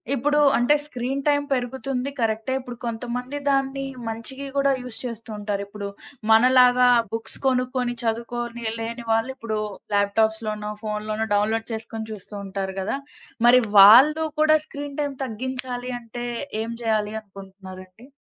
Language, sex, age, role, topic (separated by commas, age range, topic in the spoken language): Telugu, female, 20-24, host, రోజూ స్క్రీన్‌కి కొంచెం దూరంగా ఉండేందుకు మీరు పాటించే చిన్న అలవాట్లు ఏమైనా ఉన్నాయా?